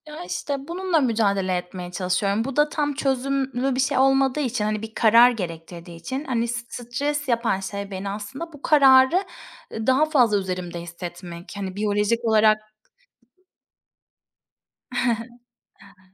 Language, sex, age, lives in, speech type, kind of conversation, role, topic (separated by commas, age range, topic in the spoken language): Turkish, female, 30-34, Spain, conversation, unstructured, none, Stresle başa çıkmanın en etkili yolu nedir?